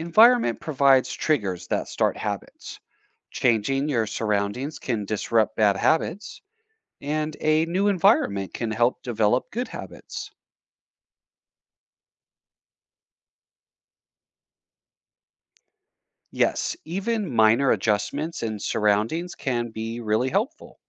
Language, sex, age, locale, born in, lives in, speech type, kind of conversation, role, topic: English, male, 35-39, en-US, United States, United States, read, expert-assistant, expert, How do habits form, and how can they be changed?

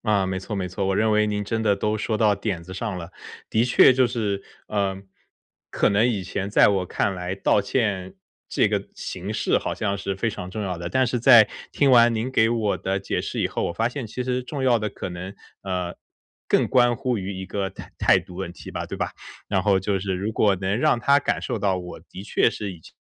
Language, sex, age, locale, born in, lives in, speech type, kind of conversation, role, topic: Chinese, male, 30-34, zh-CN, China, United States, conversation, advice, user, 我该如何真诚地道歉并修复我们的关系？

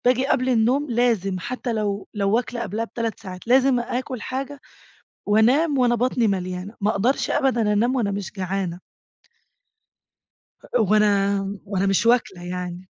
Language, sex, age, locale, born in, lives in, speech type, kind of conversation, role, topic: Arabic, female, 20-24, ar-EG, Egypt, Greece, conversation, advice, user, إزاي أقدر ألتزم بوجبات منتظمة طول اليوم؟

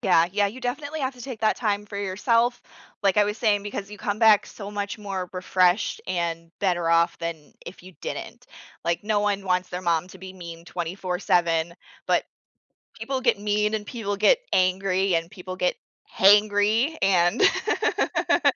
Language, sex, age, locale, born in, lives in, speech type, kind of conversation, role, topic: English, female, 30-34, en-US, United States, United States, conversation, podcast, guest, What are some effective ways to stay calm and focused when life gets hectic?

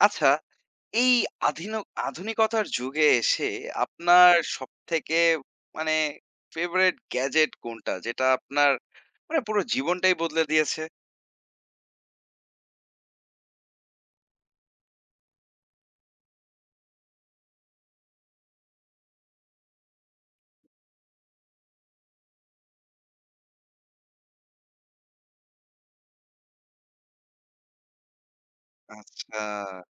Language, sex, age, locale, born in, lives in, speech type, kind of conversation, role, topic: Bengali, male, 25-29, bn-BD, Bangladesh, Bangladesh, conversation, podcast, host, কোন প্রযুক্তিগত যন্ত্রটি তোমার জীবনে পরিবর্তন এনেছে?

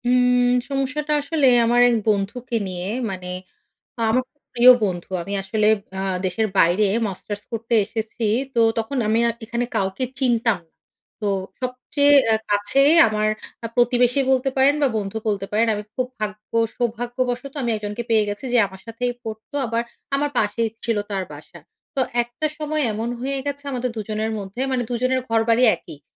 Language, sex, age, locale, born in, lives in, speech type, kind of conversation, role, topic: Bengali, female, 35-39, bn-BD, Bangladesh, Finland, conversation, advice, user, পরিবারের পুরনো আঘাত ও অপরাধবোধ বারবার ফিরে এলে আমি কীভাবে তা সামলাব?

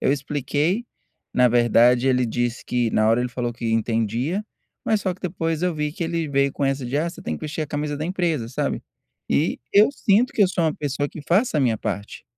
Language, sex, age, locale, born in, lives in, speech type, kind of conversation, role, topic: Portuguese, male, 30-34, pt-BR, Brazil, United States, conversation, advice, user, Como posso transformar críticas em crescimento pessoal?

